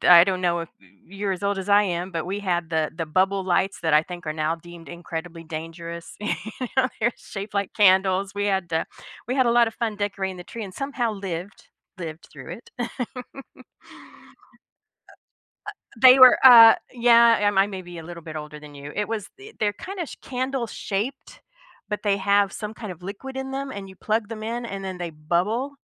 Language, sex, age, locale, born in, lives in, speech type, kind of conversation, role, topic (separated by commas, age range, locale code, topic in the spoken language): English, female, 50-54, en-US, United States, United States, conversation, unstructured, none, How do family traditions shape our memories and connections?